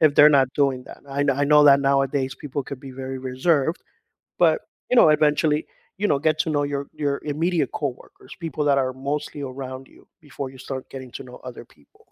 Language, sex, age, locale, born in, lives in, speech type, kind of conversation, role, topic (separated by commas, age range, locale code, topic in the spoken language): English, male, 45-49, en-US, United States, United States, conversation, advice, advisor, How can I meet new people and build meaningful friendships as an adult?